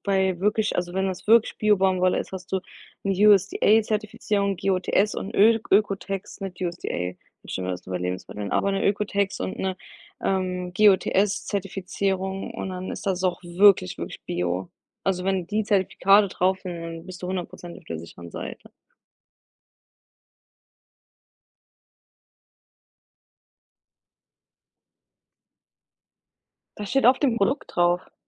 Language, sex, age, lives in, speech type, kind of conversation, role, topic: German, female, 25-29, United States, conversation, unstructured, none, Findest du, dass Unternehmen mehr Verantwortung für die Natur übernehmen sollten?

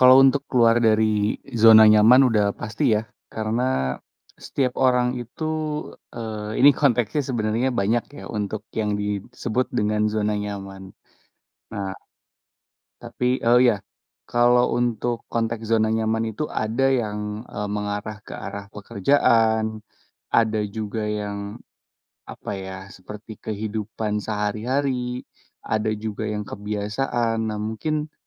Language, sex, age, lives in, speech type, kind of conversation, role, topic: Indonesian, male, 30-34, Indonesia, conversation, podcast, guest, Bagaimana kamu tahu kapan harus keluar dari zona nyaman?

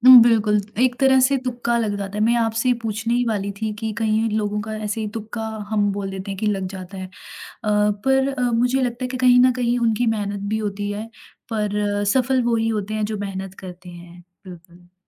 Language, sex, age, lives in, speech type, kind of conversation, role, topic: Hindi, female, 20-24, India, conversation, podcast, host, Kaunsi aisi naakaami thi jo baad mein tumhare liye barkat ban gayi?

